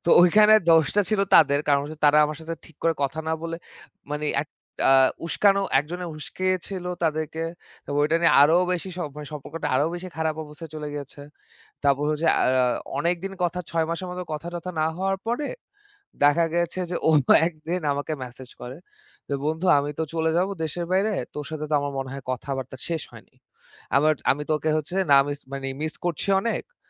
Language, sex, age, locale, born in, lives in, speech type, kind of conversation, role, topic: Bengali, male, 25-29, bn-BD, Bangladesh, Bangladesh, conversation, unstructured, none, দ্বন্দ্ব মিটে গিয়ে ভালো বন্ধুত্ব তৈরি হয়েছে—এমন কোনো প্রিয় গল্প কি আপনার আছে?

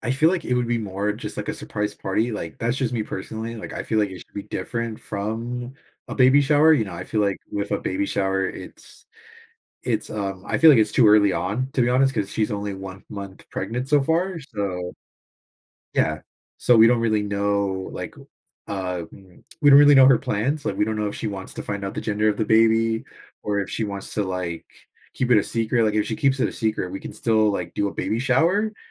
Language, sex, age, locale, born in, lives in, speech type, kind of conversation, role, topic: English, male, 20-24, en-US, United States, United States, conversation, advice, user, How can I plan a surprise party for my close friend?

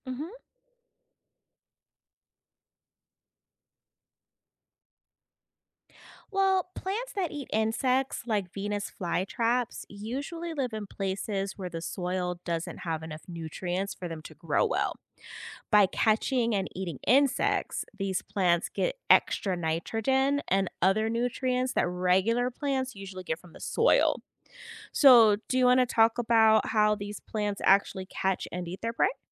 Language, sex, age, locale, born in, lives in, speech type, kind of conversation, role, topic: English, female, 40-44, en-US, United States, United States, read, expert-assistant, expert, Why do some plants eat insects?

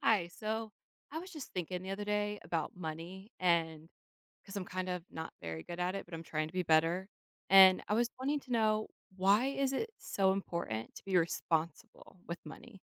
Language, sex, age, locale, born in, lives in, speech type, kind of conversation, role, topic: English, female, 30-34, en-US, United States, United States, read, expert-assistant, asker, Why is it important to be responsible with money?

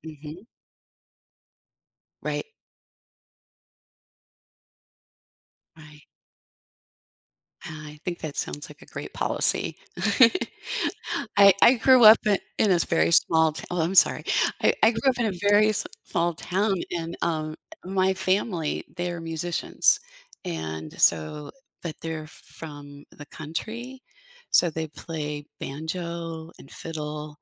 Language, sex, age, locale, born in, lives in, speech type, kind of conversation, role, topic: English, female, 65-69, en-US, United States, United States, conversation, unstructured, none, How do you like to unwind and recharge after a long day?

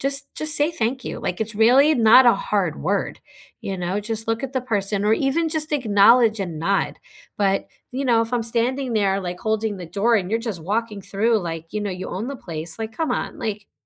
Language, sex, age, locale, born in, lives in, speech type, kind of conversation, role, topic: English, female, 45-49, en-US, United States, United States, conversation, unstructured, none, What small, everyday gestures make you feel most appreciated?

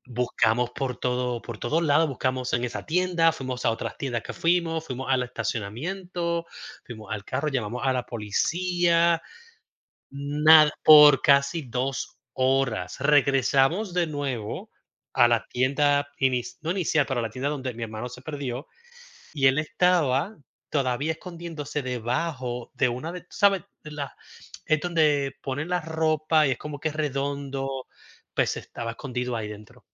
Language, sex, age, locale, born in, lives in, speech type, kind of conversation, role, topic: Spanish, male, 35-39, es-US, Puerto Rico, United States, conversation, unstructured, none, ¿Alguna vez te has perdido durante un viaje? ¿Qué pasó?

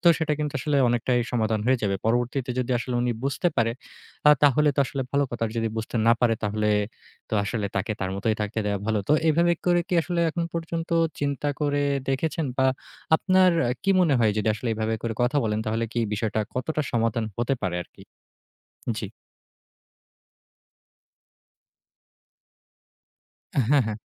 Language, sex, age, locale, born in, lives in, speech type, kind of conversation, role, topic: Bengali, male, 20-24, bn-BD, Bangladesh, Bangladesh, conversation, advice, advisor, ব্যায়াম সঙ্গীর সঙ্গে সময় মিলিয়ে নেওয়া এবং মতানৈক্য মেটানোর উপায় কী?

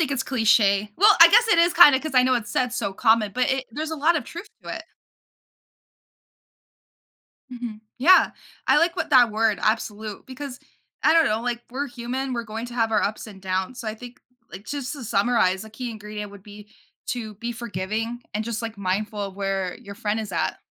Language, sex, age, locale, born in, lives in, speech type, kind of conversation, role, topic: English, female, 18-19, en-US, United States, United States, conversation, podcast, guest, What helps friendships last many years?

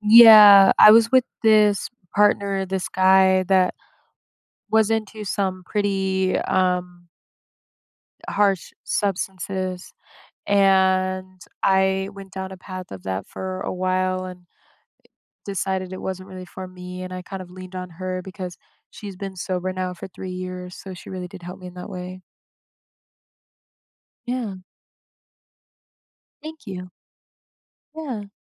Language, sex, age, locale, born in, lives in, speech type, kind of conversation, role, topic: English, female, 25-29, en-US, United States, United States, conversation, advice, user, How can I thank a friend who has been really supportive?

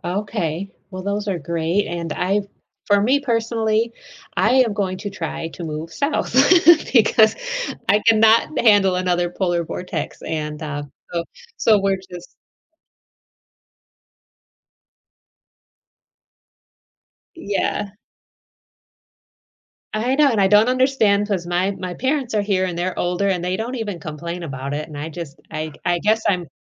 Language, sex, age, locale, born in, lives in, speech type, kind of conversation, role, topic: English, female, 40-44, en-US, United States, United States, conversation, podcast, host, In what ways is climate change reshaping our environment and daily lives?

